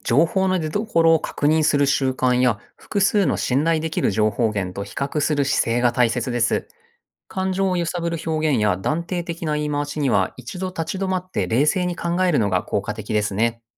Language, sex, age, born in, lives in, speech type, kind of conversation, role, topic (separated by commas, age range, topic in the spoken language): Japanese, male, 40-44, Japan, Japan, read, expert-assistant, expert, ネットで見かけるアートの話題、正しい情報かどうか確かめる方法ってありますか？